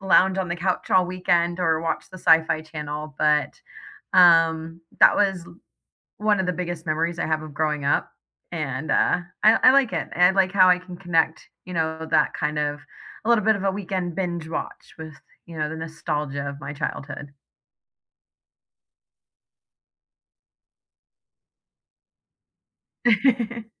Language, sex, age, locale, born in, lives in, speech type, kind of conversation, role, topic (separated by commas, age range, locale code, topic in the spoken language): English, female, 30-34, en-US, United States, United States, conversation, unstructured, none, Which movies, TV shows, music, games, or live events make you feel most connected to other people, and how do you share them?